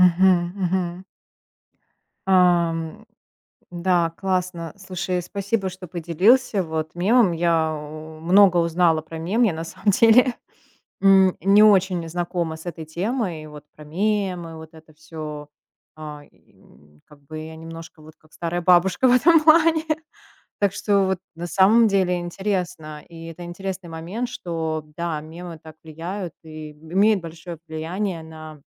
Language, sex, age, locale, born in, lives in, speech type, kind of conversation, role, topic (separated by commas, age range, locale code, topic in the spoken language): Russian, female, 35-39, ru-RU, Russia, United States, conversation, podcast, host, Как мемы стали новым способом рассказывать истории?